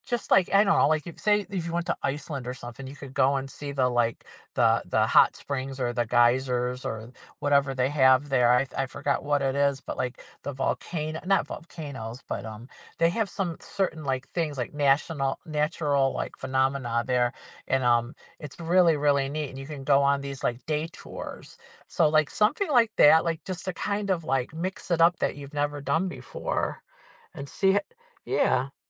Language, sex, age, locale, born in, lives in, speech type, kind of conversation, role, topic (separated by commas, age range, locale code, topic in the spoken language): English, female, 55-59, en-US, United States, United States, conversation, advice, advisor, How do I rediscover who I am after a long-term breakup?